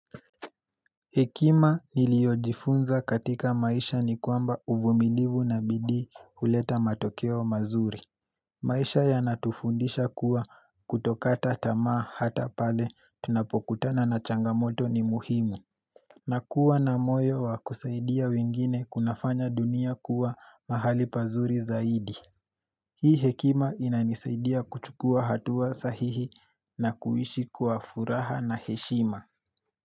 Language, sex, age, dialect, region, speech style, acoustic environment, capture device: Swahili, male, 18-24, Kenya - Nairobi Sheng-influenced, Kenya, relaxed, silence, mobile / Linux / Chrome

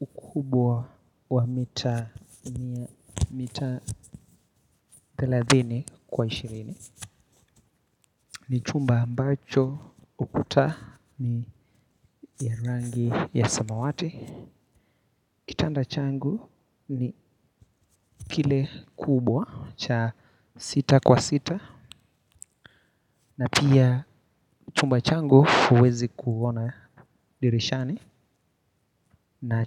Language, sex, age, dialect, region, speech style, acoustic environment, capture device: Swahili, male, 18-24, Kenya - Nairobi Sheng-influenced, Kenya, relaxed; focused, nature, mobile / Linux / Chrome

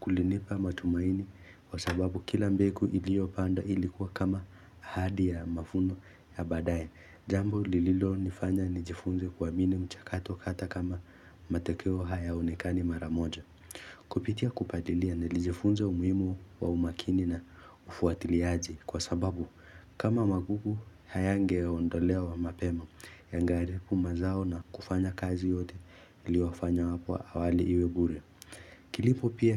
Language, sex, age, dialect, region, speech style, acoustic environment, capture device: Swahili, male, 18-24, Kenya - Nairobi Sheng-influenced, Kenya, focused, silence, mobile / Linux / Chrome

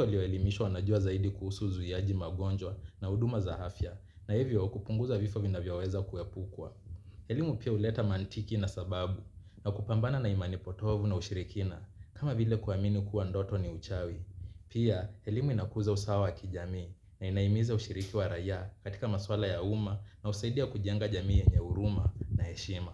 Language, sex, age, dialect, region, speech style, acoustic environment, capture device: Swahili, male, 25-34, Kenya - Mombasa, Kenya, relaxed; focused, nature; people talking, mobile / Linux / Chrome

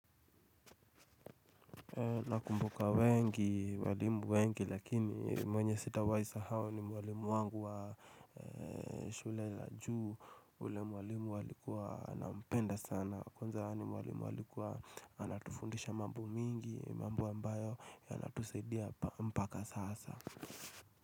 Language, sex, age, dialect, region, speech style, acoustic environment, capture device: Swahili, male, 25-34, Kenya - Nairobi Sheng-influenced, Kenya, relaxed, silence, mobile / Linux / Chrome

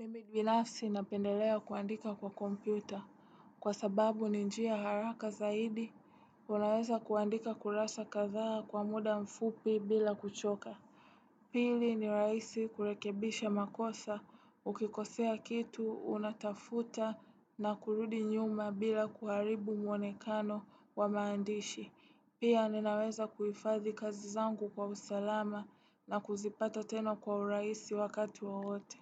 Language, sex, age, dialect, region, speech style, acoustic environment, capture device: Swahili, female, 18-24, Tanzania - Dar es Salaam, Tanzania, tired; relaxed, appliances, desktop / Windows / Chrome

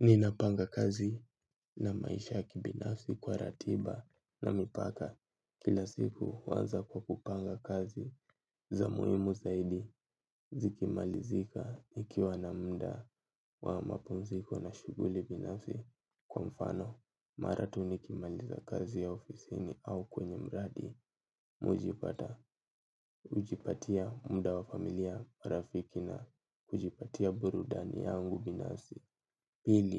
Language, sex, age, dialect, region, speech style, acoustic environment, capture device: Swahili, male, 18-24, Kenya - Nairobi Sheng-influenced, Kenya, tired, silence, mobile / Linux / Chrome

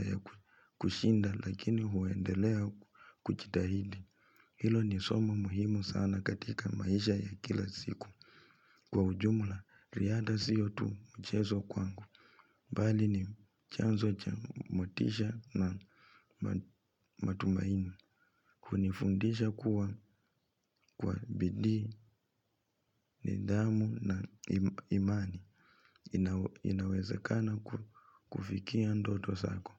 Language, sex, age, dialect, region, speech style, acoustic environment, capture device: Swahili, male, 25-34, Kenya - Nairobi Sheng-influenced, Kenya, relaxed, silence; nature, mobile / Linux / Chrome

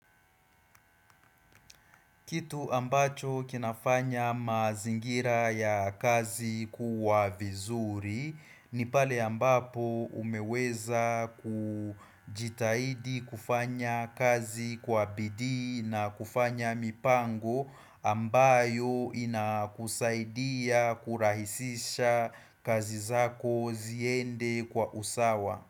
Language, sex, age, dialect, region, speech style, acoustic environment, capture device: Swahili, male, 25-34, Kenya - Nairobi Sheng-influenced, Kenya, focused, silence, desktop / Windows / Chrome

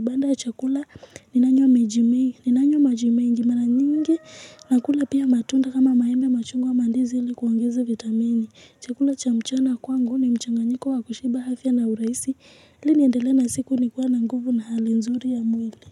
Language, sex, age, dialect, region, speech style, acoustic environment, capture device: Swahili, female, 18-24, Kenya - Nairobi Sheng-influenced, Kenya, excited; focused, music; people talking; nature, mobile / Linux / Chrome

